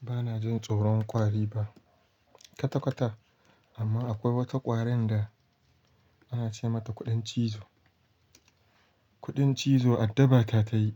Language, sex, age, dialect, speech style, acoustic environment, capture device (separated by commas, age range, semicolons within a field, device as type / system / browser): Hausa, male, 18-24, Nigeria - Kano, happy, silence, mobile / Linux / Chrome